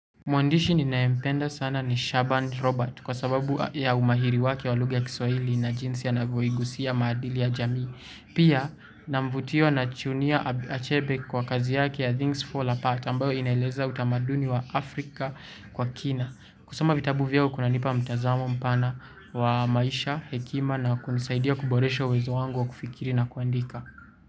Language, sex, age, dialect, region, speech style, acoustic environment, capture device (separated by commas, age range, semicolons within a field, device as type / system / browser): Swahili, male, 18-24, Kenya - Nairobi Sheng-influenced, Kenya, tired, people talking, mobile / Linux / Chrome